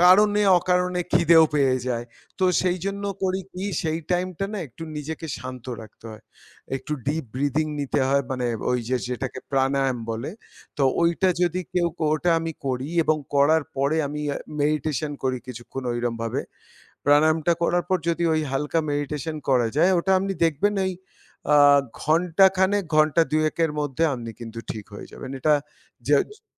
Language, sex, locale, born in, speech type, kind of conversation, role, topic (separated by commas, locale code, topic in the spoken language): Bengali, male, bn-IN, India, conversation, podcast, guest, ঘুমের অভ্যাস বদলালে আপনার শরীর ও মন কেমন অনুভব করে?